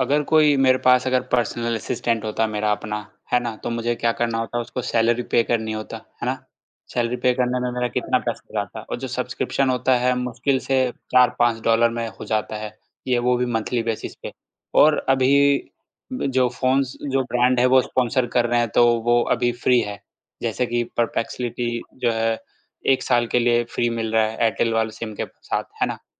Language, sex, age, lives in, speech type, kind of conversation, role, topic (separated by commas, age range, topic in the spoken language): Hindi, male, 25-29, India, conversation, podcast, guest, Apne phone ka sabse kaam ka feature kaunsa hai, aur kyun?